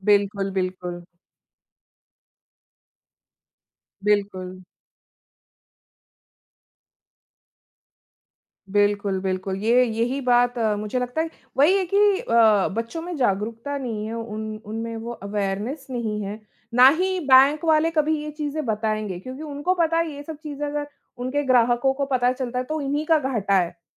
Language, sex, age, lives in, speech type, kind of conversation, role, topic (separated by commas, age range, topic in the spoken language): Hindi, female, 35-39, India, conversation, unstructured, none, क्रेडिट कार्ड का सही और गलत इस्तेमाल क्या होता है?